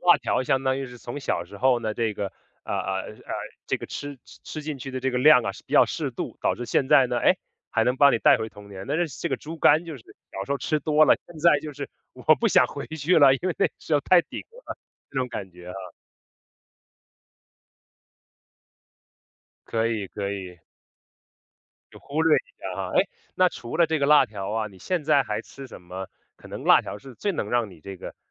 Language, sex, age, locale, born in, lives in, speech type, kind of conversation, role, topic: Chinese, male, 30-34, zh-CN, China, United States, conversation, podcast, host, 哪个味道会立刻把你带回童年？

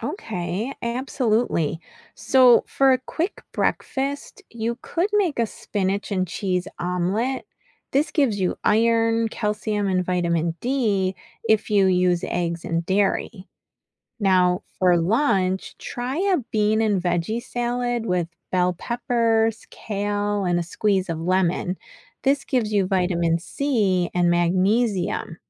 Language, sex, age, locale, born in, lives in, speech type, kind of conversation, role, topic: English, female, 50-54, en-US, United States, United States, read, expert-assistant, expert, Why do we need vitamins and minerals in our diet?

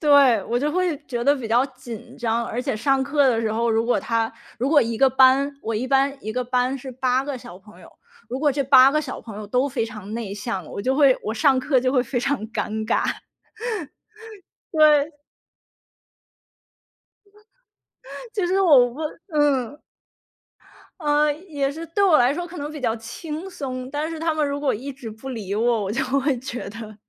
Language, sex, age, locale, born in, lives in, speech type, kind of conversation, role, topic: Chinese, female, 30-34, zh-CN, China, United States, conversation, podcast, guest, 如何在不辞职的情况下尝试进入新的领域？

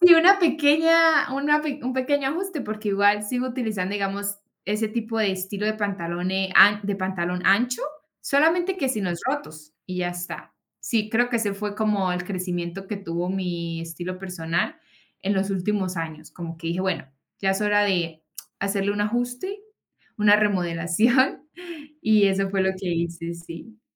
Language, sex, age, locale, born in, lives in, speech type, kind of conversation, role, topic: Spanish, female, 25-29, es-CO, Colombia, Spain, conversation, podcast, guest, ¿Cómo describirías tu estilo personal?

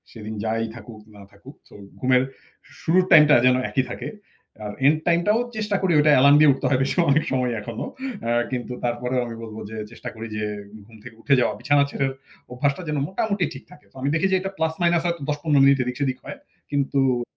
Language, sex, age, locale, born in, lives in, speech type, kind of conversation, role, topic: Bengali, male, 40-44, bn-BD, Bangladesh, Finland, conversation, podcast, guest, ধারাবাহিকতা বজায় রাখতে আপনি কোন রুটিন অনুসরণ করেন?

